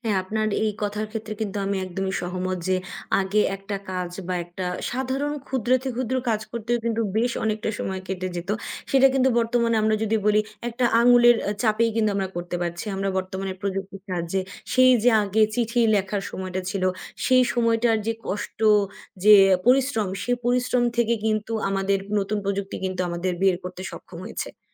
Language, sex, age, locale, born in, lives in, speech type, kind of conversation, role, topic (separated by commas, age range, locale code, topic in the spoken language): Bengali, female, 20-24, bn-BD, Bangladesh, Bangladesh, conversation, unstructured, none, আপনি কি প্রযুক্তির সাহায্যে আপনার সৃজনশীলতা বাড়াতে পেরেছেন?